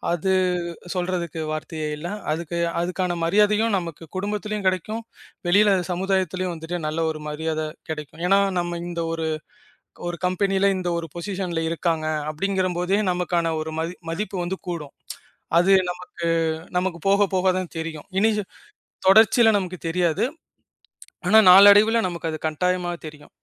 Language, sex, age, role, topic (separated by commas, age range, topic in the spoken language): Tamil, male, 25-29, guest, ஒரு திடீர் வாய்ப்பு உங்கள் வாழ்க்கைப் பாதையை மாற்றியதா, அது எப்படி நடந்தது?